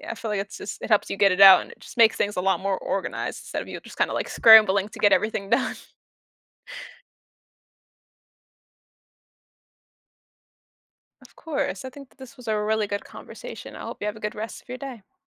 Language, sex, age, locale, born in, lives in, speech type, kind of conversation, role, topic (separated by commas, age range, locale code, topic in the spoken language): English, female, 20-24, en-US, United States, United States, conversation, advice, advisor, How do I clarify my core values and set priorities that reflect them?